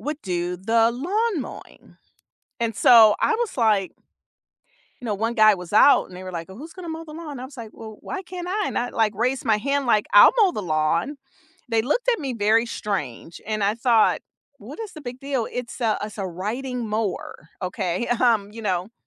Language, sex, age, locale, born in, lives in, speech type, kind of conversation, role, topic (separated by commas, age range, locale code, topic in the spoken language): English, female, 50-54, en-US, United States, United States, conversation, unstructured, none, What is the most unusual job you have ever had?